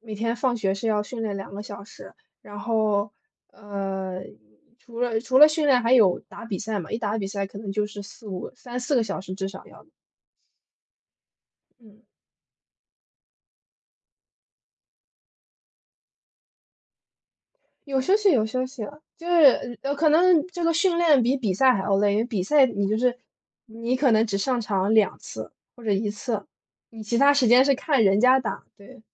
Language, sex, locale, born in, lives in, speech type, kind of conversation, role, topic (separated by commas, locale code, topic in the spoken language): Chinese, female, zh-CN, United States, United States, conversation, unstructured, none, 你认为哪种运动最有趣？